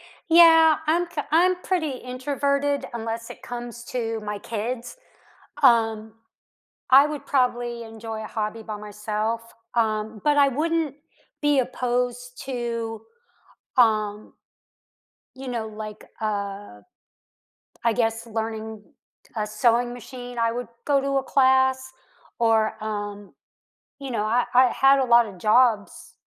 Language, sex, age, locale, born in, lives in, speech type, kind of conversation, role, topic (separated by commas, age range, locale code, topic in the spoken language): English, female, 55-59, en-US, United States, United States, conversation, unstructured, none, Which childhood games or hobbies would you like to bring back into your adult life, and why now?